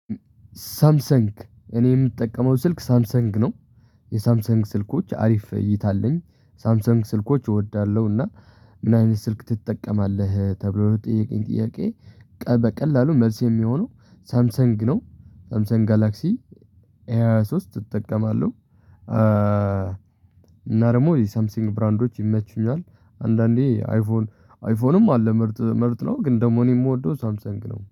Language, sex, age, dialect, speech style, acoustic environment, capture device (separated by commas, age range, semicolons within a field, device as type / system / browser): Amharic, male, 18-24, Ethiopia - Tigray, relaxed, traffic, mobile / Linux / Chrome